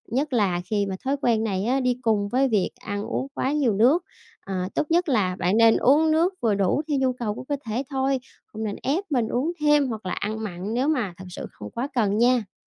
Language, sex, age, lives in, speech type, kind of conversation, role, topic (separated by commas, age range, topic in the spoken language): Vietnamese, female, 30-34, Vietnam, read, expert-assistant, expert, Tại sao khi uống nước nhiều lại đi tiểu nhiều?